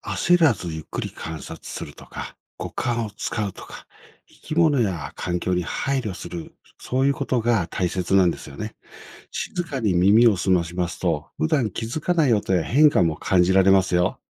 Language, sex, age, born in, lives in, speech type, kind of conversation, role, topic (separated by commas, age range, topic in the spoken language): Japanese, male, 55-59, Japan, Japan, read, expert-assistant, expert, 日本で実際に生態系を体験できる場所や施設を教えてください。